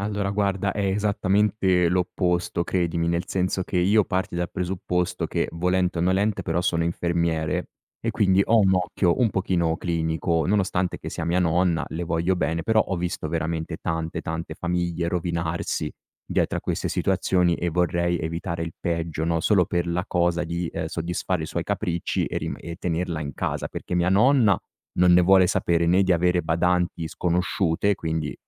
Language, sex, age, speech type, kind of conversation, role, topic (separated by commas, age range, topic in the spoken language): Italian, male, 35-39, conversation, advice, user, Pressione familiare per prendersi cura di parenti anziani